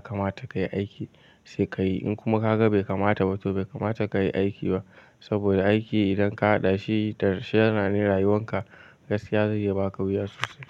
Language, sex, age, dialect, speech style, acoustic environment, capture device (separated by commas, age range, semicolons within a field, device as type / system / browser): Hausa, male, 18-24, Nigeria - Kano, anxious, nature, mobile / Linux / Chrome